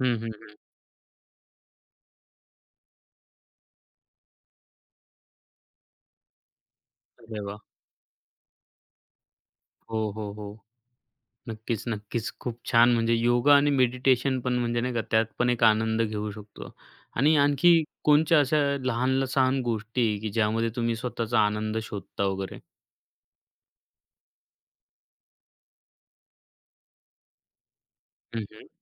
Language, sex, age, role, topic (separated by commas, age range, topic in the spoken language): Marathi, male, 25-29, host, तुम्ही रोजच्या छोट्या क्षणांमध्ये आनंद कसा शोधता?